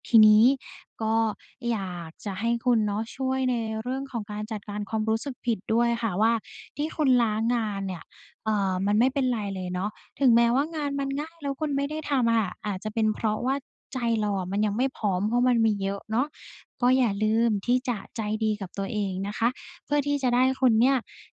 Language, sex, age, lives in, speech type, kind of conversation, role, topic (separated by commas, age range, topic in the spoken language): Thai, female, 20-24, Thailand, conversation, advice, advisor, ฉันควรเริ่มทำงานเก่าที่ค้างไว้อย่างไรจึงจะทำให้เสร็จได้?